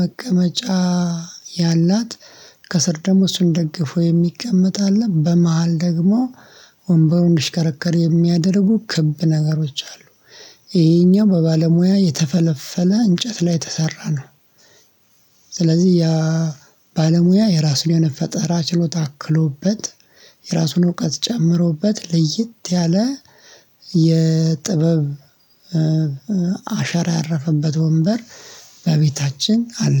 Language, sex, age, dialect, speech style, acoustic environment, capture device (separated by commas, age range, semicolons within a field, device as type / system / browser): Amharic, male, 25-34, Ethiopia - Addis Ababa, relaxed, silence, mobile / Linux / Chrome